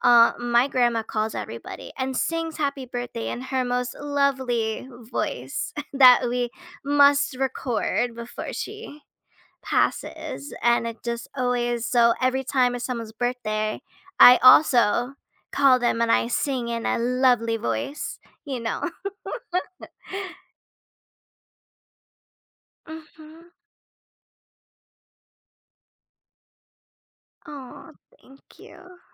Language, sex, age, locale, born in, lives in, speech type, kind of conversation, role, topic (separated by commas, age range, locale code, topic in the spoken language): English, female, 30-34, en-US, United States, United States, conversation, podcast, host, Why do shared celebrations play such an important role in building community and connection?